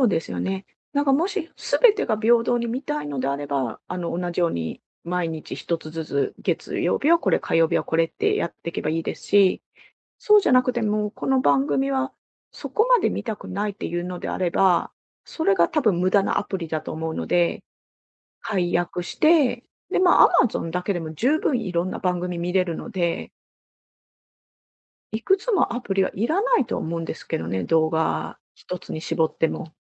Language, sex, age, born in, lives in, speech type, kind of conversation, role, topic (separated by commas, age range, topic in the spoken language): Japanese, female, 45-49, Japan, Japan, conversation, advice, advisor, デジタルのファイルや情報の散らかりを減らすには、どうすればよいですか？